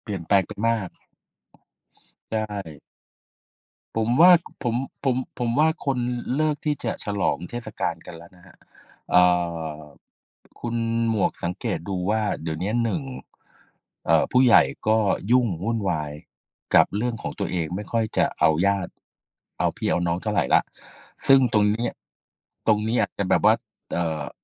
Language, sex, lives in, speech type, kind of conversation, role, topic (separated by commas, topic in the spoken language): Thai, male, Thailand, conversation, unstructured, none, เทศกาลไหนในแต่ละปีที่คุณชอบมากที่สุด และเพราะอะไร?